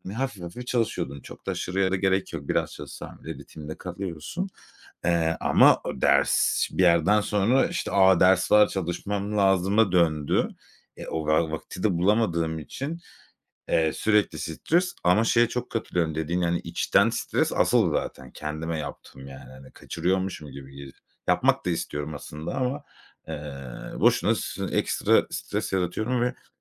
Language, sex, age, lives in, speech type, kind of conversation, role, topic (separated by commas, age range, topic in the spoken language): Turkish, male, 35-39, Spain, conversation, advice, user, Boş vaktiniz olduğunda neden suçluluk hissedip dinlenmenize izin veremiyorsunuz?